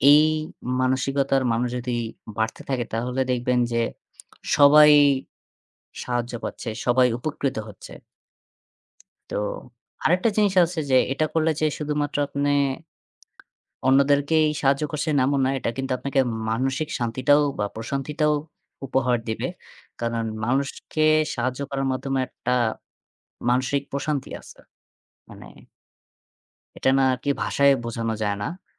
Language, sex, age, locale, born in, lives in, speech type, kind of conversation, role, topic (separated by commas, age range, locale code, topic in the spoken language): Bengali, male, 20-24, bn-BD, Bangladesh, Bangladesh, conversation, unstructured, none, আপনি আগামীতে কীভাবে জীবন যাপন করতে চান?